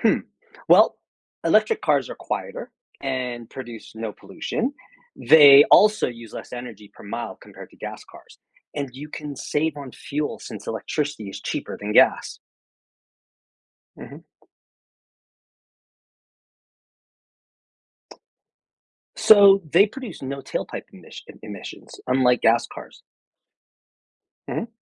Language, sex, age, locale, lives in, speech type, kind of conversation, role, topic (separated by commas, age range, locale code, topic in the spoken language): English, male, 50-54, en-US, United States, read, expert-assistant, expert, How do electric cars work and what are their benefits?